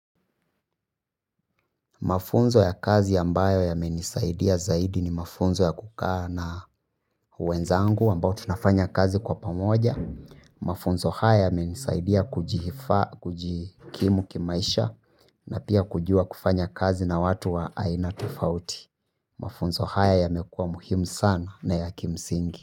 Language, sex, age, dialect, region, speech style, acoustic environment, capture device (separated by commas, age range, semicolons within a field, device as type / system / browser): Swahili, male, 25-34, Kenya - Nairobi Sheng-influenced, Kenya, happy, people talking, mobile / Linux / Chrome